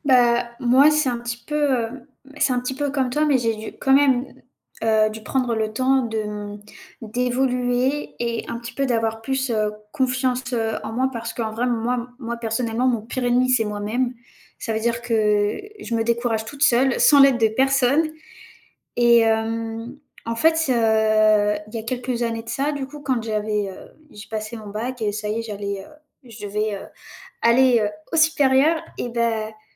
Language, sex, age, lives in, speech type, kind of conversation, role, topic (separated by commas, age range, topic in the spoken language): French, female, 18-19, France, conversation, unstructured, none, Comment décides-tu quelles opportunités saisir pour ton avenir ?